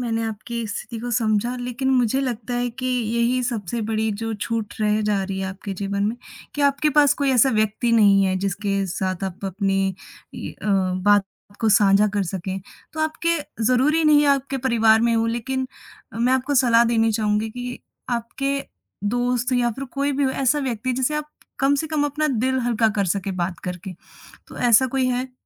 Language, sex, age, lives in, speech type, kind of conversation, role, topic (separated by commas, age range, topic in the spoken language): Hindi, female, 20-24, India, conversation, advice, advisor, मैं दूसरों को खुश करने के लिए ‘ना’ कहने में कठिनाई और अपनी सीमाएँ तय न कर पाने की समस्या से कैसे निपटूँ?